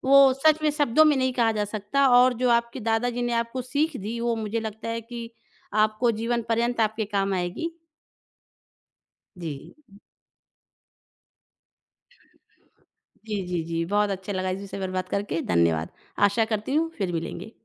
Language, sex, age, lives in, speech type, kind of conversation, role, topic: Hindi, female, 30-34, India, conversation, podcast, host, आप अकेलेपन से निपटने के लिए क्या करते हैं?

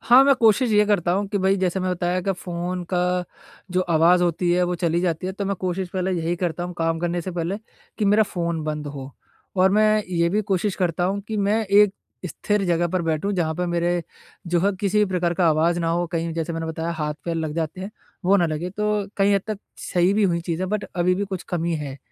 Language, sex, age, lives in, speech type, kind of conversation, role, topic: Hindi, male, 20-24, India, conversation, advice, user, मैं उत्पादकता बनाए रखते हुए प्रतिपुष्टि को कैसे शामिल करूँ?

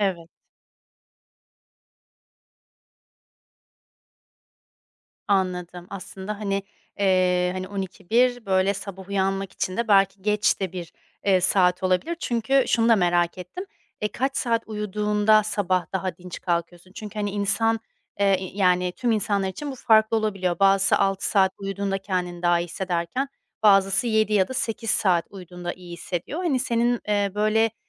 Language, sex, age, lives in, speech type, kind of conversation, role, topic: Turkish, female, 30-34, Spain, conversation, advice, advisor, Kısa ve etkili sabah alışkanlıklarına nereden başlamalıyım?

